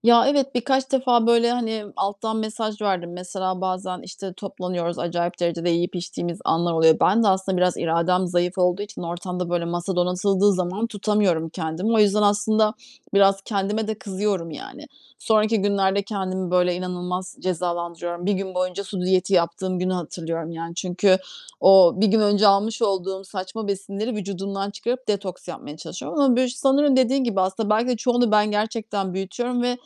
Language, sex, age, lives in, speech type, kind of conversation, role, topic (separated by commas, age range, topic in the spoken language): Turkish, female, 40-44, Germany, conversation, advice, user, Sosyal etkinliklerde sağlıklı seçimler yaptığımda dışlanmaktan neden korkuyorum?